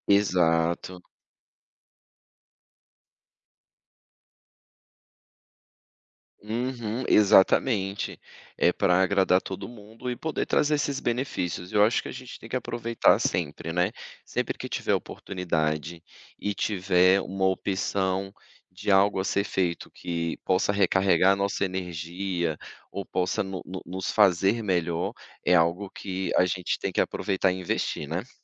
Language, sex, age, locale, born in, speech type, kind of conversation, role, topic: Portuguese, male, 35-39, pt-BR, Brazil, conversation, podcast, guest, Como você costuma recarregar as energias no dia a dia?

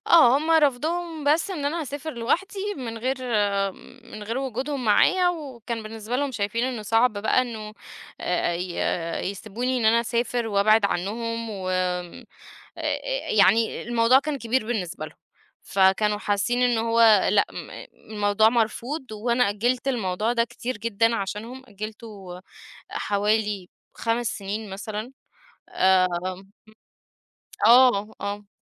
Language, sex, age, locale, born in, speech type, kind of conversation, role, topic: Arabic, female, 30-34, ar-EG, Egypt, conversation, podcast, guest, إزاي تحط حدود مع أهلك من غير ما تجرح مشاعرهم؟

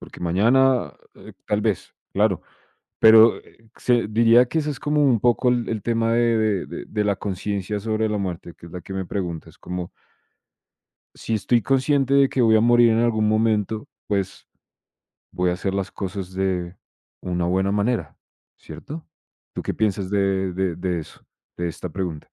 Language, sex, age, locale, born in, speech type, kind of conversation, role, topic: Spanish, male, 25-29, es-CO, Colombia, conversation, unstructured, none, ¿Crees que aceptar la muerte puede cambiar la forma en que vivimos?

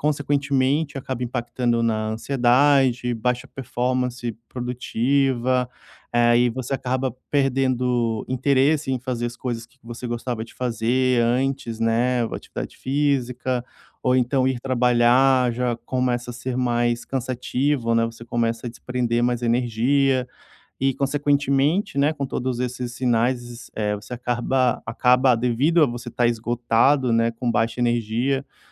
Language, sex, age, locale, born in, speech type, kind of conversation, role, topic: Portuguese, male, 30-34, pt-BR, Brazil, conversation, podcast, guest, Como você prioriza sua saúde mental na rotina do dia a dia?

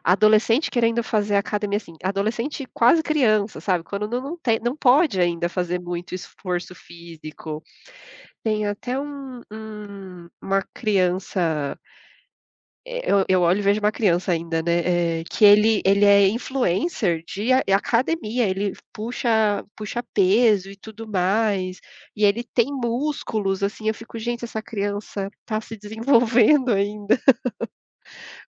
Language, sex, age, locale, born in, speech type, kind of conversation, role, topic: Portuguese, female, 30-34, pt-BR, Brazil, conversation, unstructured, none, Como a pressão para ter um corpo perfeito afeta os jovens?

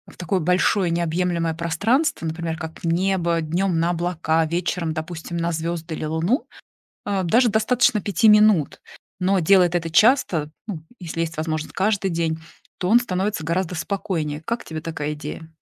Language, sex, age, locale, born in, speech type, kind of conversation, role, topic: Russian, female, 40-44, ru-RU, Russia, conversation, advice, advisor, Как успокоиться перед сном, если навязчивые мысли мешают заснуть?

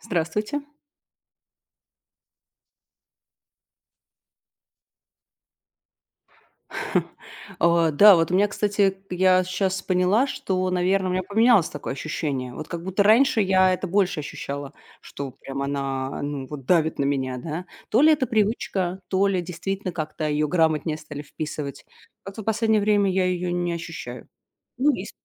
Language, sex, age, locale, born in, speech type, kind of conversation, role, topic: Russian, female, 35-39, ru-RU, Russia, conversation, unstructured, none, Почему реклама в интернете часто кажется навязчивой и злой?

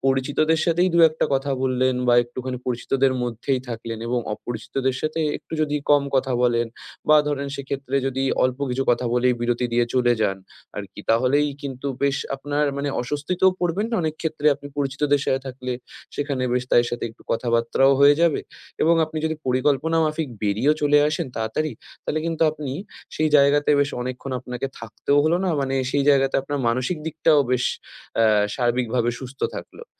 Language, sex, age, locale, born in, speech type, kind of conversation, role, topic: Bengali, male, 20-24, bn-IN, India, conversation, advice, advisor, পার্টিতে আমি আরামদায়ক বোধ না করলে কী করতে পারি?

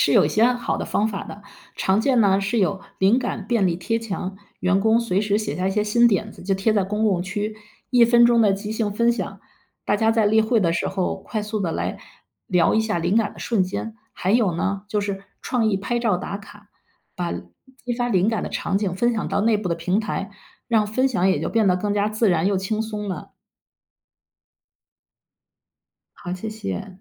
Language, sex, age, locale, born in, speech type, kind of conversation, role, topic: Chinese, female, 50-54, zh-CN, China, read, expert-assistant, expert, 想知道那些成功的企业是怎么想出新点子的，平时大家都喜欢看哪些故事或访谈？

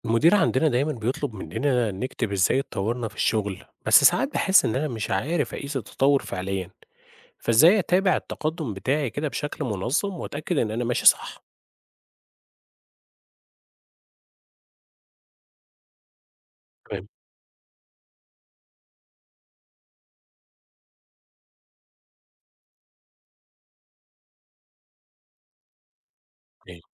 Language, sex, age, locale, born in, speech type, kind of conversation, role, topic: Arabic, male, 30-34, ar-EG, Egypt, read, expert-assistant, asker, إزاي أقدر أتابع تطوري في تحسين نفسي بشكل منظم؟ إيه الأدوات أو الطرق اللي ممكن تساعدني على تتبع التقدم ده؟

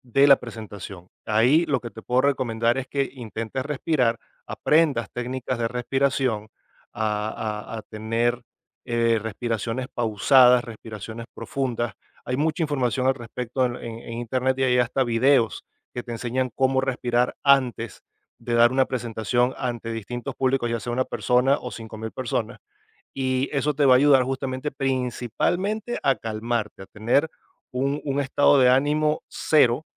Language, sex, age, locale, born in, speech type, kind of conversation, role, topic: Spanish, male, 45-49, es-VE, Venezuela, conversation, advice, advisor, ¿Cómo son tus ataques de ansiedad antes de una presentación importante?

